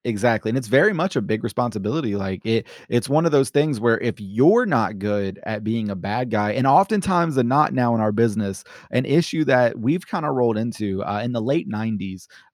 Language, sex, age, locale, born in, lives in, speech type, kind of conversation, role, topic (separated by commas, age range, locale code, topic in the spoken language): English, male, 25-29, en-US, United States, United States, conversation, podcast, guest, What is your favorite way to express creativity?